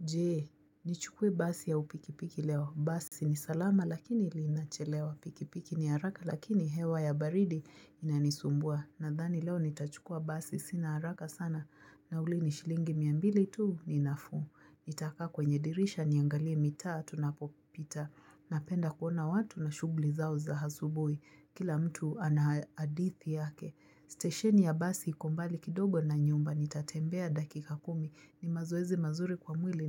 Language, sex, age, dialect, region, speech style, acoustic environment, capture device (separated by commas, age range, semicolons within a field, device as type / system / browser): Swahili, female, 25-34, Kenya - Nairobi Sheng-influenced, Kenya, relaxed, nature, mobile / Linux / Chrome